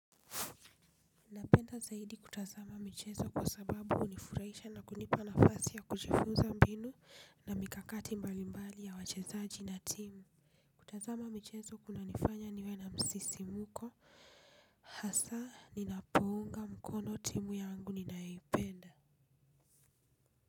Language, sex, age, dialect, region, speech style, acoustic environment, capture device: Swahili, female, 25-34, Kenya - Nairobi Sheng-influenced, Kenya, happy; relaxed; focused, people talking; traffic; construction, desktop / Linux / Chrome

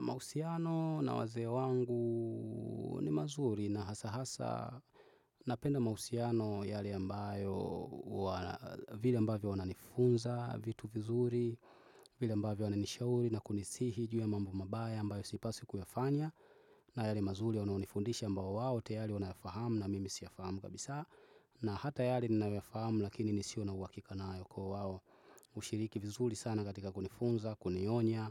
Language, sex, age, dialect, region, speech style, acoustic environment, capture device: Swahili, male, 25-34, Tanzania - Dar es Salaam, Tanzania, focused, people talking, desktop / Windows / Chrome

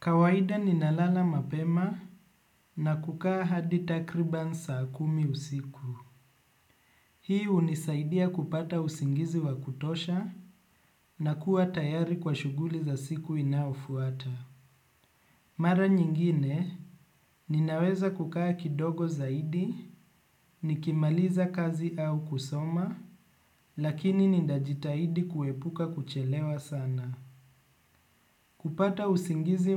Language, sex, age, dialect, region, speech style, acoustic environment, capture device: Swahili, male, 25-34, Kenya - Nairobi Sheng-influenced, Kenya, relaxed, appliances, desktop / Windows / Chrome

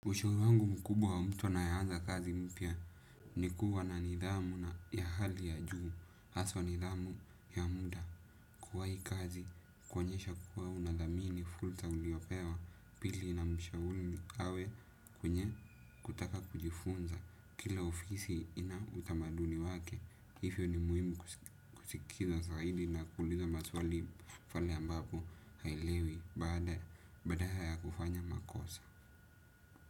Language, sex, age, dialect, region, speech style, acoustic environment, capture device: Swahili, male, 18-24, Kenya - Nairobi Sheng-influenced, Kenya, happy, silence, desktop / Windows / Edge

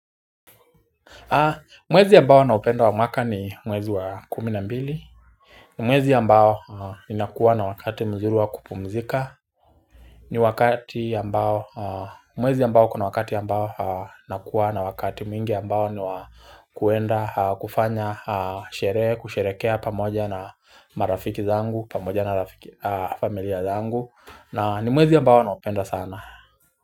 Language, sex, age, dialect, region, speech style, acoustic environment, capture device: Swahili, male, 25-34, Kenya - Nairobi Sheng-influenced, Kenya, relaxed; focused, silence; nature, mobile / Linux / Chrome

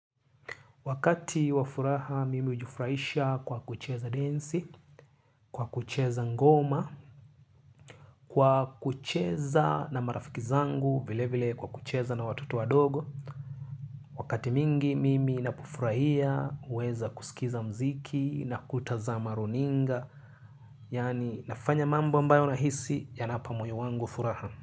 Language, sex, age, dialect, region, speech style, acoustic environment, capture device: Swahili, male, 25-34, Kenya - Nairobi Sheng-influenced, Kenya, happy; stressed; focused; relaxed, silence, mobile / Linux / Chrome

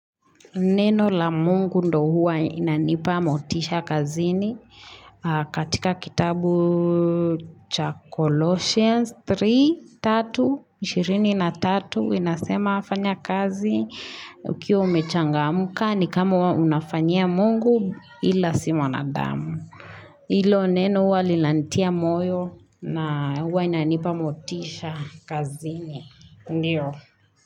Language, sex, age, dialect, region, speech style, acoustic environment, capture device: Swahili, female, 25-34, Kenya - Nairobi Sheng-influenced, Kenya, relaxed, nature, mobile / Linux / Chrome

